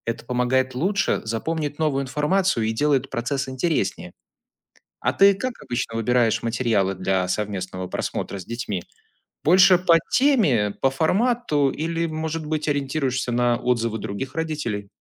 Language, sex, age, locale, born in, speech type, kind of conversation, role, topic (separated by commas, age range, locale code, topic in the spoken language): Russian, male, 35-39, ru-RU, Belarus, read, expert-assistant, expert, Какие онлайн-проекты помогут детям узнать о морской биологии?